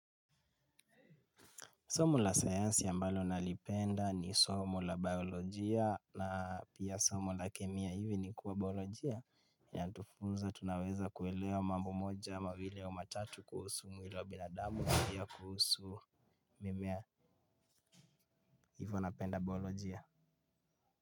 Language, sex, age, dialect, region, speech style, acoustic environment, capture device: Swahili, male, 18-24, Kenya - Nairobi Sheng-influenced, Kenya, relaxed, silence, mobile / Linux / Chrome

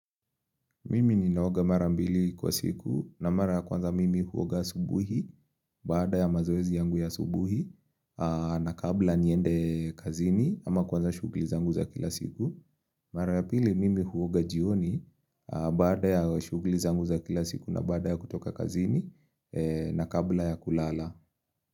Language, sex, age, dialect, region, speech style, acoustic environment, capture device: Swahili, male, 25-34, Kenya - Nairobi Sheng-influenced, Kenya, relaxed; focused; excited, nature; silence; appliances, mobile / Linux / Chrome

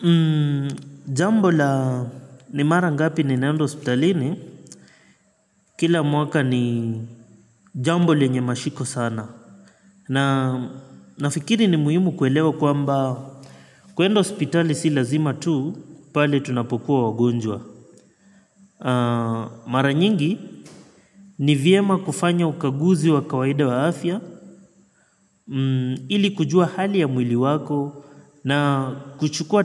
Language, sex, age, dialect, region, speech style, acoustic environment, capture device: Swahili, male, 25-34, Kenya - Mombasa, Kenya, relaxed; focused, nature; appliances, mobile / Linux / Chrome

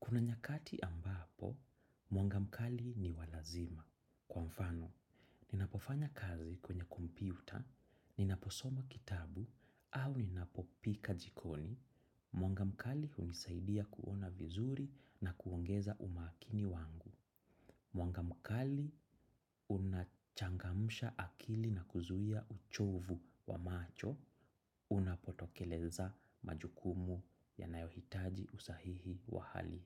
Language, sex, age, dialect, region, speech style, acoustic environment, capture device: Swahili, male, 25-34, Kenya - Nairobi Sheng-influenced, Kenya, anxious; excited; focused, silence, desktop / macOS / Chrome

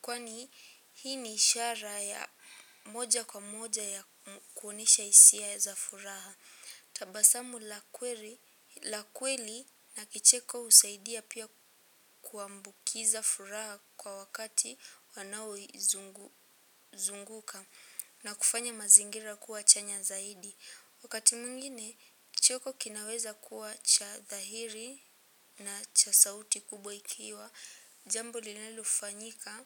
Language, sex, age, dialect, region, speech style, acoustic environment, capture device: Swahili, female, 18-24, Kenya - Nairobi Sheng-influenced, Kenya, happy; relaxed, silence, mobile / Linux / Chrome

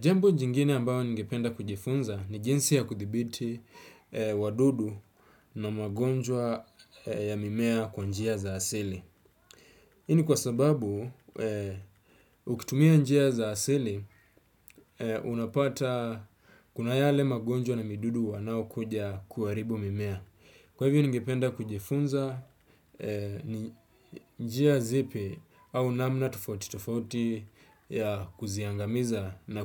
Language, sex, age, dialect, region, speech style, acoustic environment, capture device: Swahili, male, 25-34, Kenya - Mombasa, Kenya, relaxed, silence, desktop / Windows / Chrome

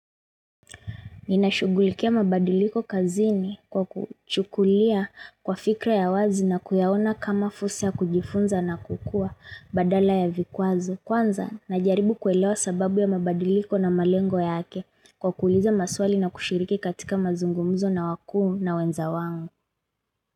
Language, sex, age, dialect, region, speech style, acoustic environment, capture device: Swahili, female, 25-34, Kenya - Mombasa, Kenya, focused, silence, mobile / Linux / Chrome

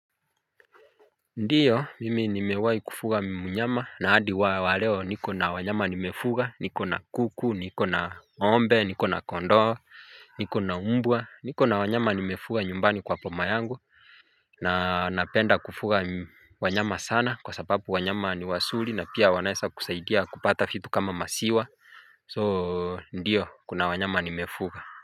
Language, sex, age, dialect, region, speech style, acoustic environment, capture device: Swahili, male, 25-34, Kenya - Mombasa, Kenya, happy, nature, mobile / Linux / Chrome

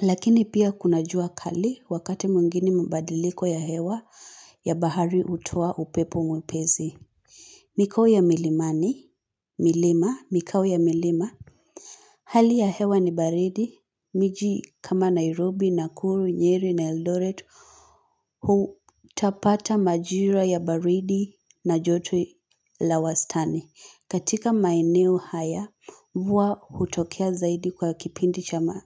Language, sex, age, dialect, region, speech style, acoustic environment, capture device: Swahili, female, 18-24, Kenya - Nairobi Sheng-influenced, Kenya, happy; excited, silence, mobile / Linux / Chrome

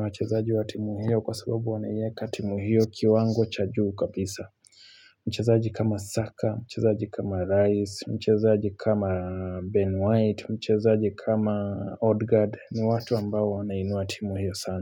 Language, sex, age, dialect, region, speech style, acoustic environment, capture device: Swahili, male, 25-34, Kenya - Nairobi Sheng-influenced, Kenya, happy, nature, mobile / Linux / Chrome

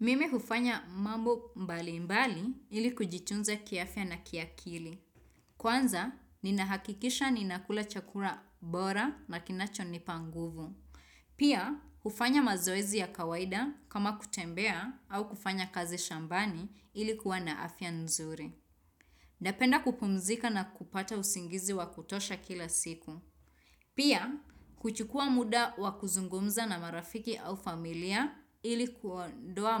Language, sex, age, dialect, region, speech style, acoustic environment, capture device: Swahili, female, 25-34, Kenya - Nairobi Sheng-influenced, Kenya, happy; excited; focused; relaxed, nature, desktop / Windows / Chrome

